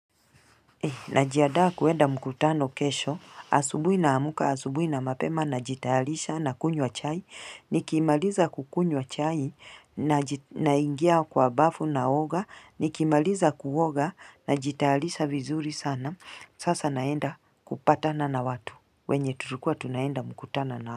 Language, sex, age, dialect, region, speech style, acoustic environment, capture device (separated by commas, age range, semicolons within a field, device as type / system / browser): Swahili, female, 35-44, Kenya - Mombasa, Kenya, excited, people talking, mobile / Linux / Chrome